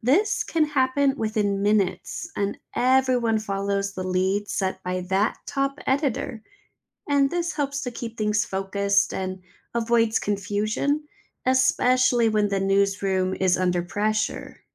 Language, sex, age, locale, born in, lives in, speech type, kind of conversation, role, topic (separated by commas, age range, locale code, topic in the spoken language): English, female, 30-34, en-US, United States, United States, read, expert-assistant, expert, How do journalists organize and prioritize news stories during a busy news cycle?